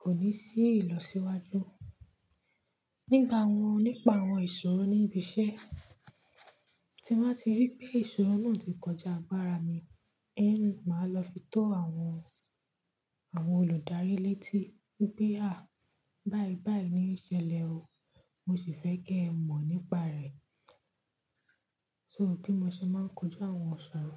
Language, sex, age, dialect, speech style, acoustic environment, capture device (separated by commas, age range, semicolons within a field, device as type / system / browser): Yoruba, female, 25-34, Nigeria - Nigerian Standard, relaxed, nature, mobile / Linux / Chrome